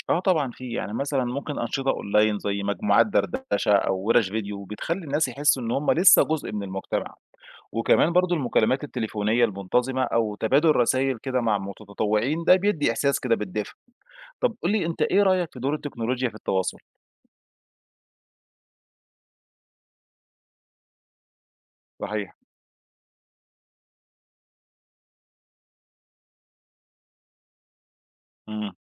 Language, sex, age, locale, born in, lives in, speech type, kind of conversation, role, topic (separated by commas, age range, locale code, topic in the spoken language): Arabic, male, 35-39, ar-EG, Egypt, Egypt, read, expert-assistant, expert, إزاي المجتمعات بتواجه مشكلة العزلة الاجتماعية أو التهميش، وإيه الحلول اللي ممكن تساعد الناس تحس بإنتماء أكتر؟